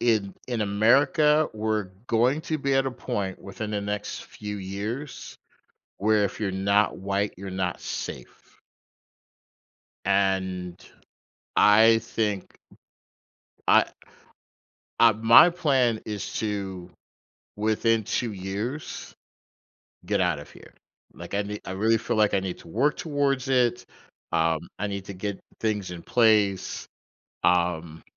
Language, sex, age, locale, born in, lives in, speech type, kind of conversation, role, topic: English, male, 45-49, en-US, United States, United States, conversation, advice, user, How can I make a major life decision with less anxiety?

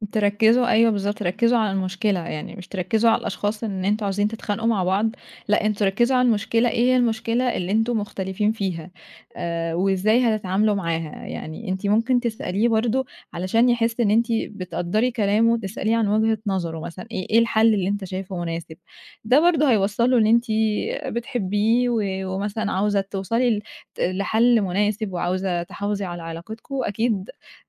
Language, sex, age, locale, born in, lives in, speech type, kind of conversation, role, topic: Arabic, female, 20-24, ar-EG, Egypt, Egypt, conversation, advice, advisor, إزاي نحل خناقات بتتكرر بين اتنين ومش بتوصل لحل؟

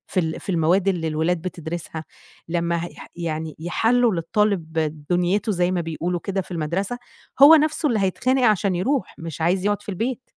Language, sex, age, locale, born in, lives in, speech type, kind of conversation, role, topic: Arabic, female, 30-34, ar-EG, Egypt, Egypt, conversation, podcast, guest, إزاي الامتحانات بتأثر على حبّ الطالب للتعلّم؟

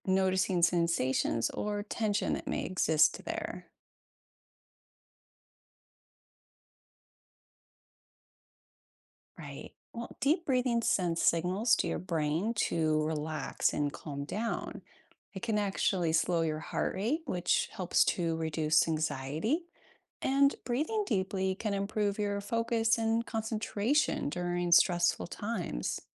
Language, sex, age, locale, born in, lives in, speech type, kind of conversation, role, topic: English, female, 40-44, en-US, United States, United States, read, expert-assistant, expert, How can mindfulness practices improve well-being?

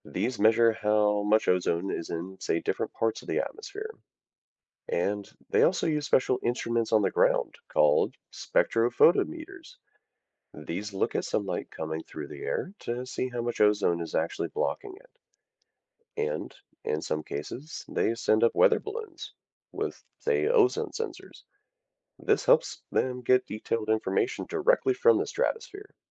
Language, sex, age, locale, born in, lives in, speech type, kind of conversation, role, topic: English, male, 30-34, en-US, United States, United States, read, expert-assistant, expert, What is the ozone layer and why is it important?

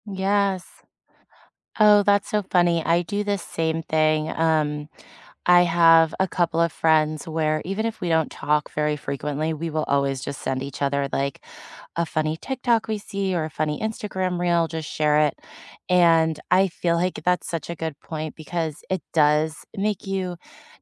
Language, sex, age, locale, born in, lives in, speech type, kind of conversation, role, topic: English, female, 35-39, en-US, United States, United States, conversation, unstructured, none, Which small rituals, spaces, or people help you recharge after a busy or stressful day, and why?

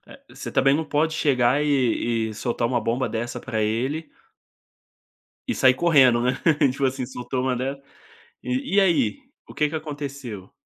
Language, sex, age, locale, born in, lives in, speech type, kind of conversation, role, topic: Portuguese, male, 25-29, pt-BR, Brazil, Spain, conversation, podcast, host, Que conselho você daria a quem vai viajar pela primeira vez?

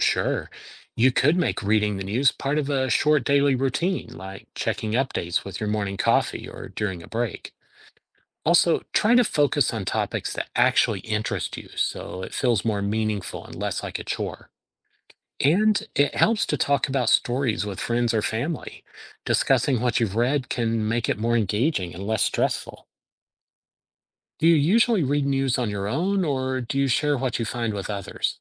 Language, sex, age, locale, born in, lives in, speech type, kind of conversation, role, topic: English, male, 50-54, en-US, United States, United States, read, expert-assistant, expert, How does reading news help people stay informed?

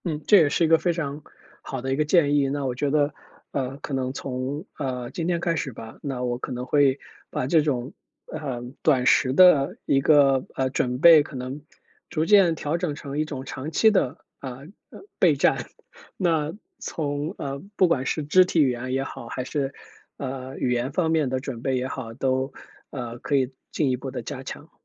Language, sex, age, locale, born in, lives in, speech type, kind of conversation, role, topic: Chinese, male, 35-39, zh-CN, China, United States, conversation, advice, user, 开会或演讲前我会非常紧张、难以平静，该怎么办？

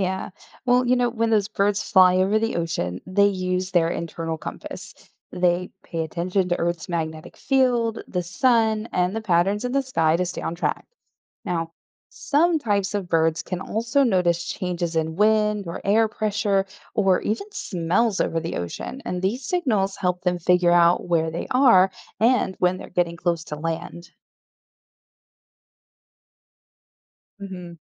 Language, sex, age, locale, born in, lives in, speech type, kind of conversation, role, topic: English, female, 35-39, en-US, Germany, United States, read, expert-assistant, expert, How do birds navigate during long migrations?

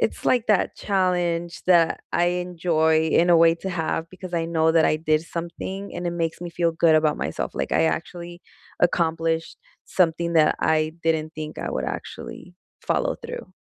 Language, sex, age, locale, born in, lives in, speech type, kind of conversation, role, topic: English, female, 25-29, en-US, United States, United States, conversation, unstructured, none, Which skill do you admire in others and want to learn?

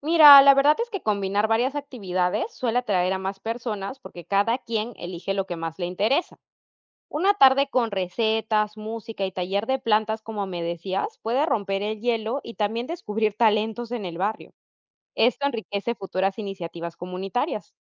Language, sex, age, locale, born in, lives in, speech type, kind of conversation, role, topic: Spanish, female, 30-34, es-PE, Peru, Italy, read, expert-assistant, expert, ¿Qué cambios simples podríamos proponer para que los barrios sean más saludables para todos?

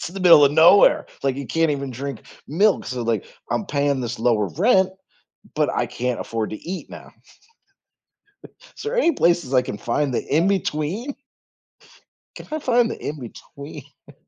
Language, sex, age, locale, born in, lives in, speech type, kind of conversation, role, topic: English, male, 45-49, en-US, United States, United States, conversation, advice, user, How can I avoid eviction and manage bills when I can't pay rent this month?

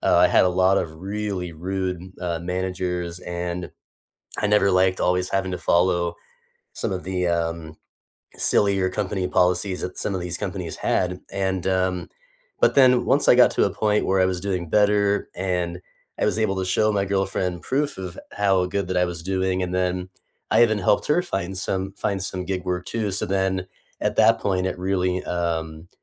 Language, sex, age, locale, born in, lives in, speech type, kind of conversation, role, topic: English, male, 30-34, en-US, United States, United States, conversation, unstructured, none, What is something people misunderstand about your role that you'd clarify?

